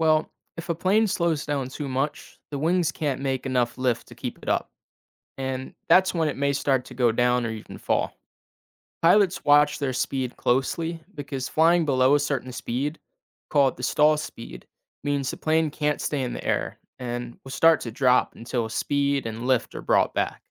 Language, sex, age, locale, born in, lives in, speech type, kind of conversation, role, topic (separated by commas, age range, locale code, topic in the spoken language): English, male, 18-19, en-US, United States, United States, read, expert-assistant, expert, How do airplanes stay in the air?